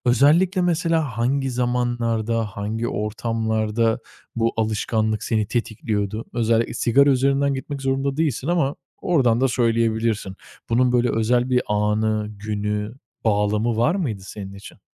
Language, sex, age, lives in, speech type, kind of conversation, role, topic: Turkish, male, 25-29, Italy, conversation, podcast, host, Kötü alışkanlıkları bırakırken hangi adımları izliyorsun?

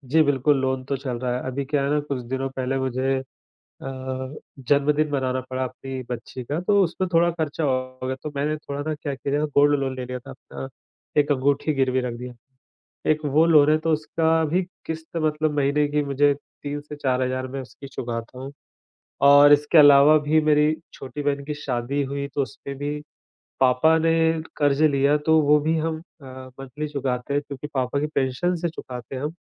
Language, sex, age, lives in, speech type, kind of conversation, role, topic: Hindi, male, 35-39, India, conversation, advice, user, बड़ा ऋण या गृह-ऋण लेने का फैसला करते समय मुझे किन बातों पर विचार करना चाहिए?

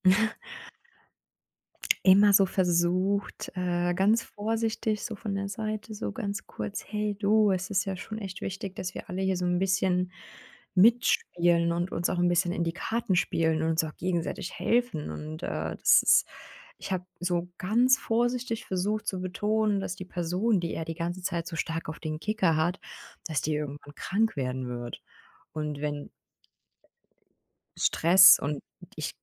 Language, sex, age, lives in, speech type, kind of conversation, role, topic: German, female, 30-34, Germany, conversation, advice, user, Wie gebe ich einem schwierigen Kollegen konstruktives Feedback?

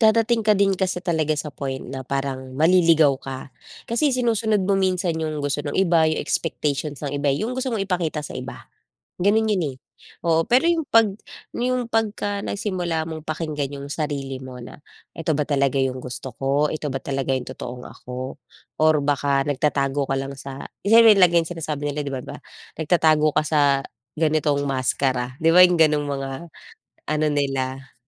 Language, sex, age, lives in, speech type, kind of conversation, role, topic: Filipino, female, 35-39, Philippines, conversation, podcast, guest, Ano ang ibig sabihin sa’yo ng pagiging totoo sa sarili?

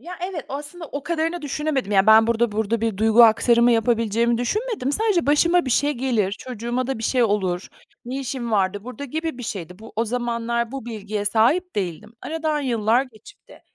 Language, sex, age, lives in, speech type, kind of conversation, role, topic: Turkish, female, 45-49, United States, conversation, podcast, guest, Aile hikâyeleri kimliğini nasıl besliyor, birini anlatır mısın?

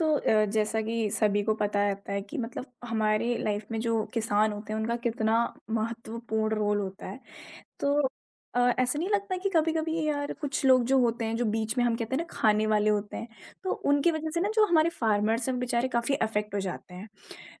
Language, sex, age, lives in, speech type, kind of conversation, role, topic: Hindi, female, 20-24, India, conversation, podcast, host, Local farmers se seedha kharidna aapke hisaab se kyun zaroori hai?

